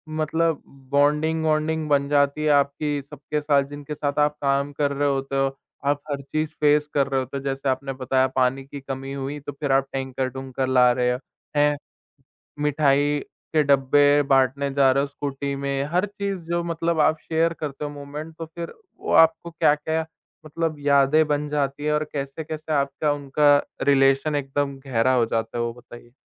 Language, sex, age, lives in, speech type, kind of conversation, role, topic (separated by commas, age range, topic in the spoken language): Hindi, male, 20-24, India, conversation, podcast, host, Wedding prep mein sab milke kaam karte hue aapki sabse yaadgar yaadein kya hain?